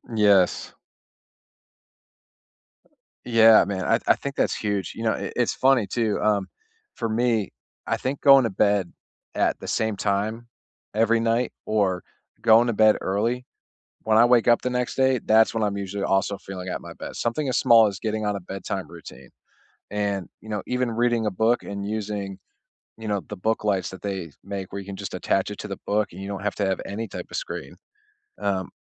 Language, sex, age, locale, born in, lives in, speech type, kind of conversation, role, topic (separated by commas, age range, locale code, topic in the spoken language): English, male, 35-39, en-US, United States, United States, conversation, unstructured, none, What small daily habits make you feel your best?